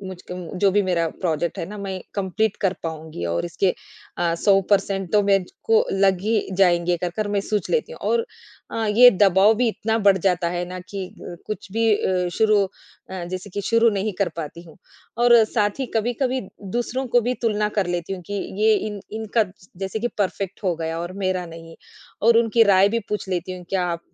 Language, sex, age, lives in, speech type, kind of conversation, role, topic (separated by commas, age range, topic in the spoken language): Hindi, female, 40-44, India, conversation, advice, user, क्या पूर्णता की चाह के कारण आप शुरुआत में अटक जाते हैं?